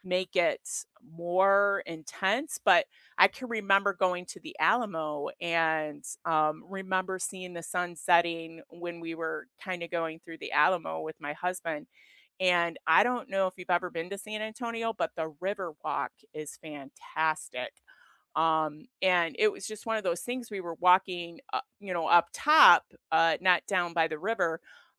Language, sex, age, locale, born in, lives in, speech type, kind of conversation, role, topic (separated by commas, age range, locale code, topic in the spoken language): English, female, 55-59, en-US, United States, United States, conversation, unstructured, none, What is your favorite sunrise or sunset spot that you discovered while traveling?